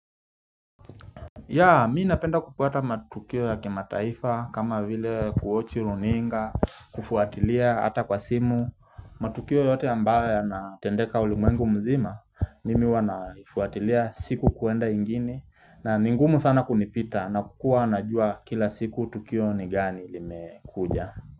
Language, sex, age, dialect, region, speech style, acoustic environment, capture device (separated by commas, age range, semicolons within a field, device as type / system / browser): Swahili, male, 25-34, Kenya - Nairobi Sheng-influenced, Kenya, relaxed; focused, nature, mobile / Linux / Chrome